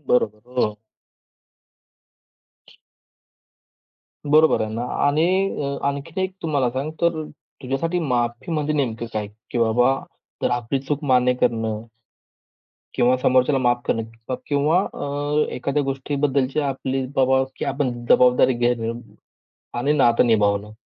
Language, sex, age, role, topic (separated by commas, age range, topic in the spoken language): Marathi, male, 25-29, host, तुमच्या मते माफी योग्य पद्धतीने कशी मागावी?